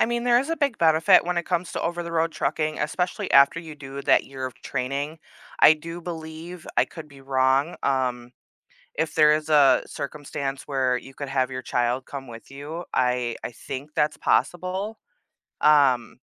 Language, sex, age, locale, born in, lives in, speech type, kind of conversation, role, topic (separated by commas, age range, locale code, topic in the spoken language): English, female, 30-34, en-US, United States, United States, conversation, advice, advisor, How can I tell if my job really aligns with my purpose and decide what to do next?